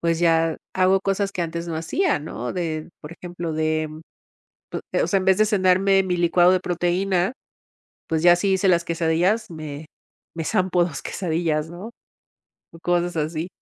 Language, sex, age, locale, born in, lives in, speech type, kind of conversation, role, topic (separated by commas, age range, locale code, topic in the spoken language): Spanish, female, 50-54, es-MX, Mexico, Mexico, conversation, advice, user, ¿Por qué te cuesta mantener la motivación para comer más saludablemente todos los días?